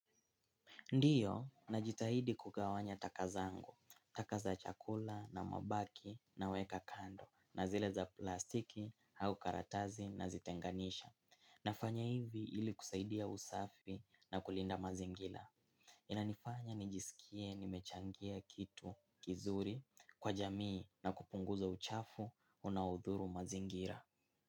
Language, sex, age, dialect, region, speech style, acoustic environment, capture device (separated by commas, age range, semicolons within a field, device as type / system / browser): Swahili, male, 18-24, Kenya - Mombasa, Kenya, excited; focused; happy; relaxed, silence, mobile / Linux / Chrome